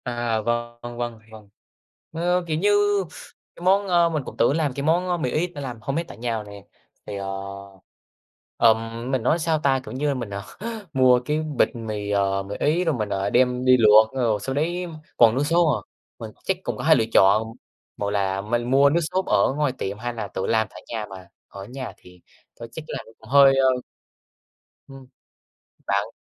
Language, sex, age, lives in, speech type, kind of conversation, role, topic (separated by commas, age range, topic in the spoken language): Vietnamese, male, 18-19, Vietnam, conversation, unstructured, none, Bạn thích món ăn nào nhất khi còn nhỏ?